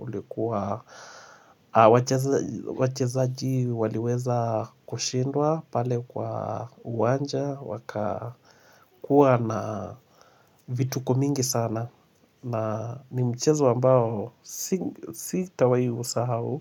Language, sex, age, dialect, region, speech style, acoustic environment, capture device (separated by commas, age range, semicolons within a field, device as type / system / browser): Swahili, male, 25-34, Kenya - Mombasa, Kenya, happy; relaxed, silence, mobile / Linux / Chrome